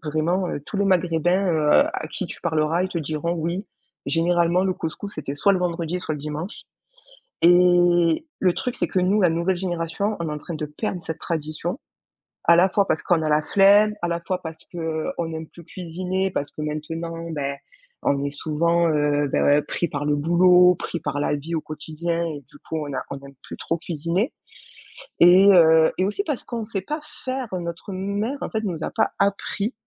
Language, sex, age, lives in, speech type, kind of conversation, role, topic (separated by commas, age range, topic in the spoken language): French, female, 25-29, France, conversation, podcast, guest, As-tu une tradition culinaire dans ta famille ?